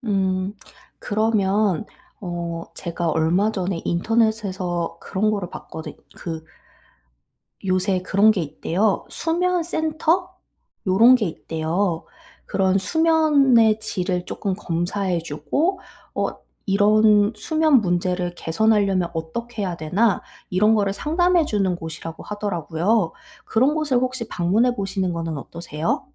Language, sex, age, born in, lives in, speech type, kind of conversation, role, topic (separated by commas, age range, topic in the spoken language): Korean, female, 30-34, South Korea, South Korea, conversation, advice, advisor, 밤에 스트레스를 줄이는 간단한 방법에는 무엇이 있나요?